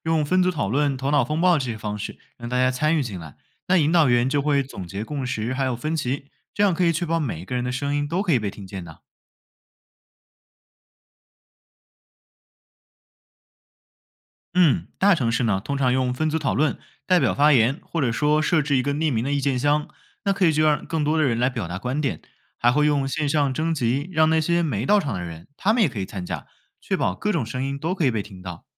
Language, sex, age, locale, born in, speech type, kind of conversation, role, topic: Chinese, male, 20-24, zh-CN, China, read, expert-assistant, expert, 如果要让一座城市变得更宜居，有哪些实用的规划方法可以参考？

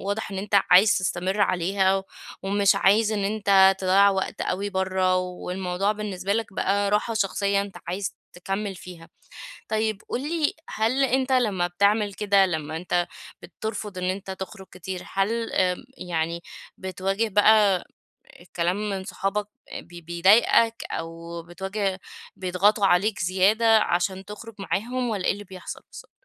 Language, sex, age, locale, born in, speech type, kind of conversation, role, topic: Arabic, female, 30-34, ar-EG, Egypt, conversation, advice, advisor, إزاي أتعامل مع ضغط إني أتماشى مع الناس من غير ما أفقد نفسي؟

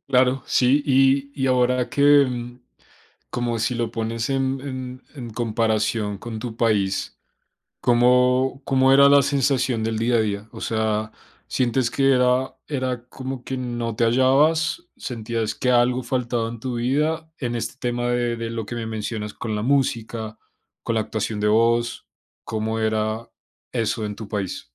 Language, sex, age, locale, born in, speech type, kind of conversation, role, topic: Spanish, male, 25-29, es-CO, Colombia, conversation, unstructured, none, ¿Has sentido que, aunque trabajes, el dinero no te alcanza para vivir bien?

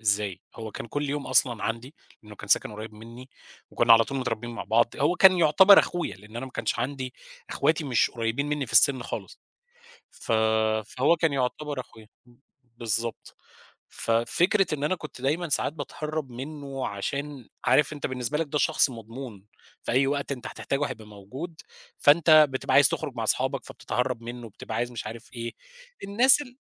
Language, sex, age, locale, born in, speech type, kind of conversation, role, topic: Arabic, male, 30-34, ar-EG, Egypt, conversation, podcast, guest, إيه أكبر نقطة تحوّل حصلت لك في حياتك؟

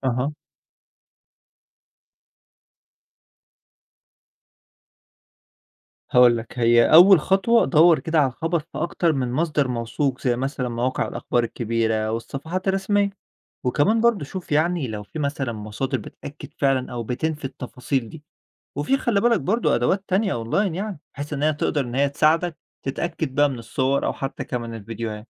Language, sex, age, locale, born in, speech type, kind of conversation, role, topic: Arabic, male, 25-29, ar-EG, Egypt, read, expert-assistant, expert, إزاي أقدر أتابع وأقيّم مصداقية الأخبار اللي بتنتشر على السوشيال ميديا؟ فيه أدوات أو تقنيات معينة ممكن تساعدني؟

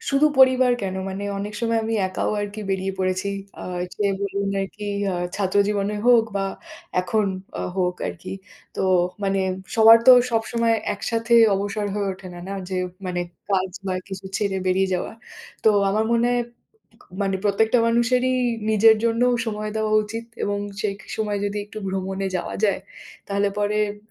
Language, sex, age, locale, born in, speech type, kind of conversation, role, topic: Bengali, female, 30-34, bn-IN, India, conversation, unstructured, none, আপনি কি মনে করেন ভ্রমণ মন খারাপ কাটাতে সাহায্য করে?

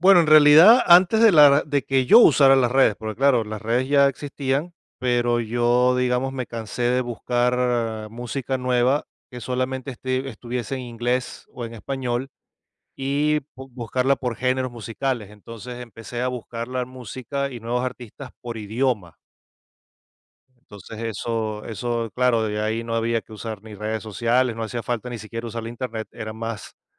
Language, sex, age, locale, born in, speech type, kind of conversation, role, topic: Spanish, male, 45-49, es-VE, Venezuela, conversation, podcast, guest, ¿Cómo descubrías nuevos artistas antes de las redes sociales?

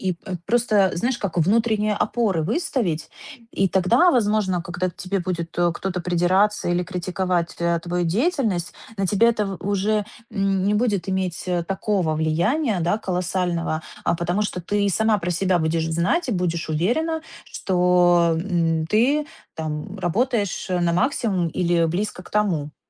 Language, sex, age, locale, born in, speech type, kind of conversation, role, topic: Russian, female, 30-34, ru-RU, Ukraine, conversation, advice, advisor, Как мне лучше справляться с сильной эмоциональной реакцией на критику?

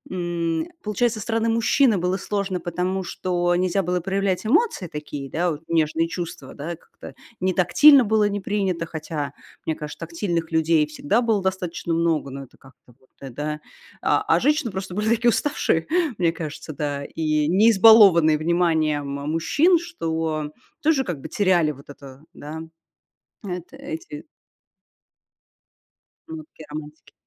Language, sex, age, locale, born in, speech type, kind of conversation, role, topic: Russian, female, 35-39, ru-RU, Russia, conversation, podcast, host, Какие поступки могут сказать «я тебя люблю» без слов?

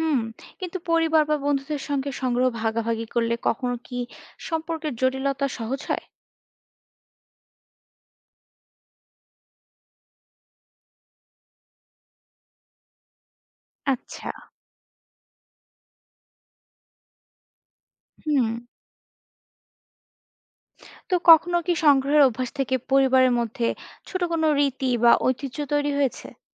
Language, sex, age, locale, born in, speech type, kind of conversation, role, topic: Bengali, female, 25-29, bn-BD, Bangladesh, read, expert-assistant, asker, কেন মানুষ বিভিন্ন জিনিস সংগ্রহ করে, এবং এই অভ্যাস আমাদের জীবনে কী ধরনের নতুন অভিজ্ঞতা বা সম্পর্ক তৈরি করতে পারে?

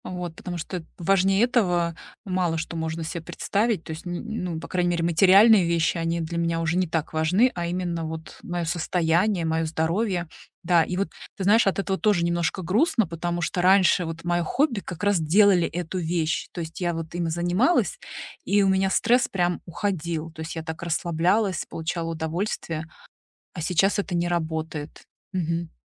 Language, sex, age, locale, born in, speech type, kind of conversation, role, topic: Russian, female, 40-44, ru-RU, Russia, conversation, advice, user, Почему я теряю интерес к своим хобби и прежним удовольствиям?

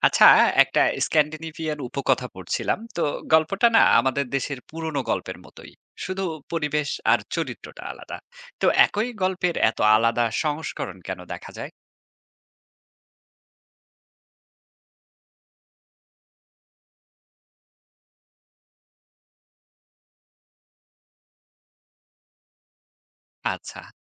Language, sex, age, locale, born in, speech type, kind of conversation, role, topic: Bengali, male, 30-34, bn-BD, Bangladesh, read, expert-assistant, asker, কেন বিশ্ব সাহিত্যে একই কাহিনী বিভিন্ন সংস্কৃতিতে আলাদা রূপে উপস্থাপিত হয়?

